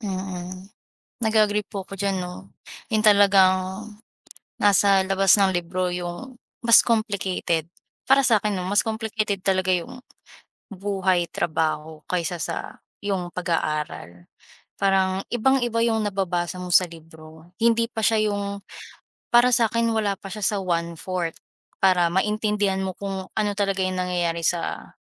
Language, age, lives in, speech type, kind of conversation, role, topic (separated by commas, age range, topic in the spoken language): Filipino, 20-24, Philippines, conversation, unstructured, none, Ano ang pinakamahalagang natutuhan mo sa paaralan?